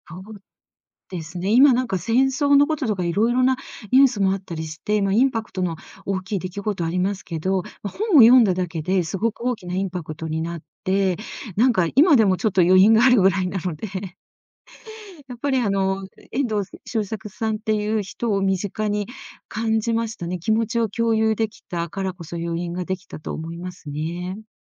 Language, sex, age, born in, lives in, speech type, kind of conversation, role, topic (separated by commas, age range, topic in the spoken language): Japanese, female, 60-64, Japan, Japan, conversation, podcast, guest, 物語の余韻が心に残るのはなぜだと思いますか？